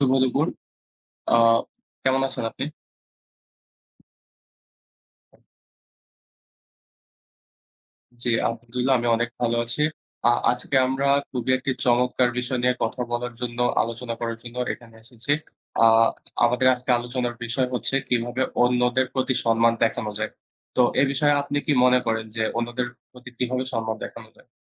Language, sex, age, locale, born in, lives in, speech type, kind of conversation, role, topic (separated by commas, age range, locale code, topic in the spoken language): Bengali, male, 20-24, bn-BD, Bangladesh, Bangladesh, conversation, unstructured, none, কীভাবে অন্যদের প্রতি সম্মান দেখানো যায়?